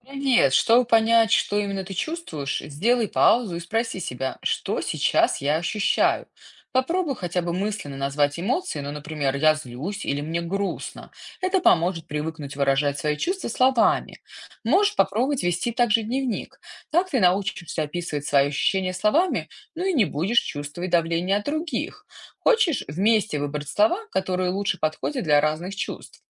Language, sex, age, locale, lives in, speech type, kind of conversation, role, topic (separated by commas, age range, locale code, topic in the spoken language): Russian, female, 35-39, ru-RU, United States, read, expert-assistant, expert, Как научиться выражать свои чувства словами